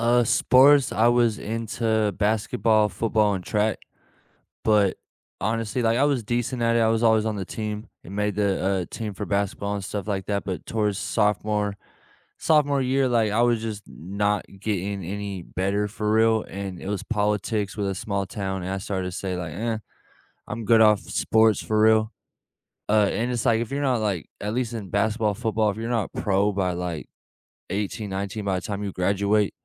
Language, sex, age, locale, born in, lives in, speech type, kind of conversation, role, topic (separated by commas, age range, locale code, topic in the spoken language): English, male, 25-29, en-US, United States, United States, conversation, unstructured, none, How does your team celebrate big and small wins in ways that strengthen connection?